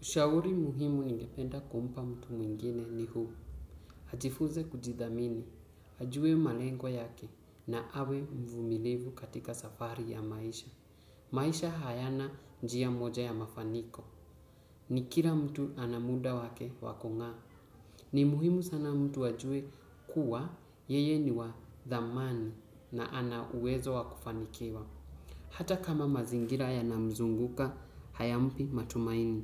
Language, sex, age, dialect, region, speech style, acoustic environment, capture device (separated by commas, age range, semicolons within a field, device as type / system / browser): Swahili, male, 18-24, Kenya - Mombasa, Kenya, focused, silence, desktop / Windows / Chrome